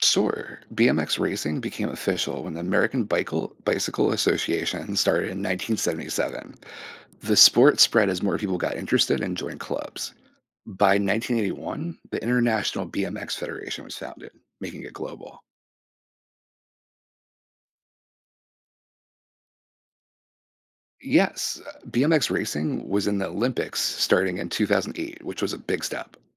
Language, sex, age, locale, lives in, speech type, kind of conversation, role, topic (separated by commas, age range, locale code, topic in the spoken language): English, male, 40-44, en-US, United States, read, expert-assistant, expert, The history of BMX biking and its evolution.